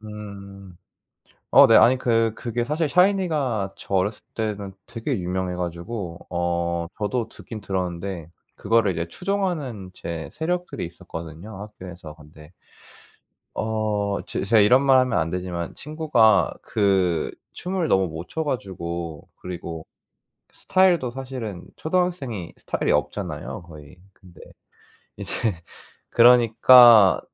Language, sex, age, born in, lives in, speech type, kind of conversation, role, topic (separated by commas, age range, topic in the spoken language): Korean, male, 25-29, South Korea, South Korea, conversation, podcast, guest, 어릴 적을 떠올리게 하는 노래가 있나요?